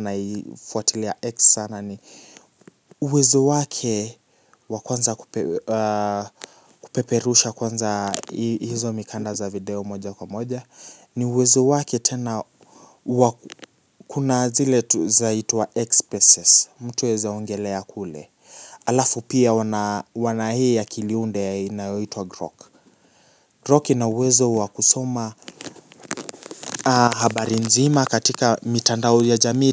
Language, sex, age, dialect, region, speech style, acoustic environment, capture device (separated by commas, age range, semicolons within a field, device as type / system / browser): Swahili, male, 18-24, Kenya - Nairobi Sheng-influenced, Kenya, relaxed, silence, mobile / Linux / Chrome